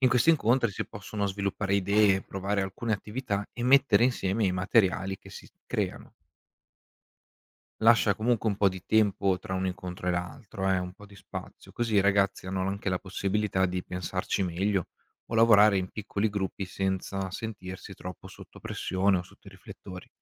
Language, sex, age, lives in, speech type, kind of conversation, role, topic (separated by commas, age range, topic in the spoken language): Italian, male, 25-29, Italy, read, expert-assistant, expert, In che modo si realizza una campagna per la sicurezza online?